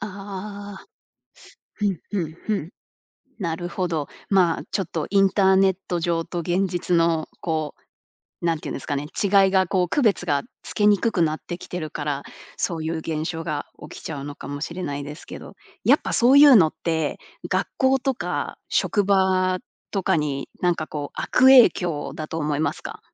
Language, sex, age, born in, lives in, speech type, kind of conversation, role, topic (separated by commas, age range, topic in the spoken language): Japanese, female, 30-34, Japan, United States, conversation, podcast, host, SNSでの言葉遣いは若者文化にどのような影響を与えているのでしょうか？